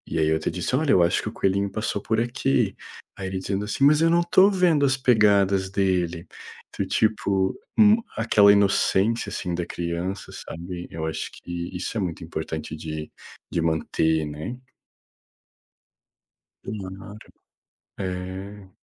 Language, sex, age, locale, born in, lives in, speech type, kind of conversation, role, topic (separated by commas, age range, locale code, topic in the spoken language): Portuguese, male, 30-34, pt-BR, Brazil, Portugal, conversation, unstructured, none, Qual é a tradição cultural que mais te faz feliz?